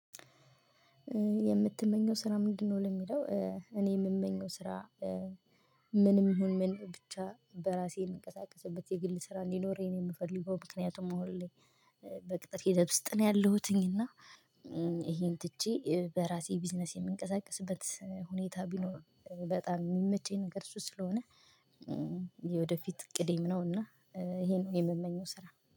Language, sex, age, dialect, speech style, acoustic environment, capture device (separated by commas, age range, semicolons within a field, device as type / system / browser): Amharic, female, 25-34, Ethiopia - Gojjam, happy; excited; relaxed, silence, mobile / Linux / Chrome